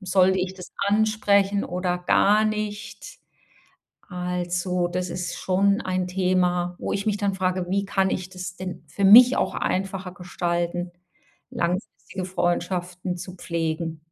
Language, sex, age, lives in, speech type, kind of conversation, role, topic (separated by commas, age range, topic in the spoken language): German, female, 55-59, Germany, conversation, advice, user, Wie kann ich langfristige Freundschaften trotz eines vollen Zeitplans pflegen?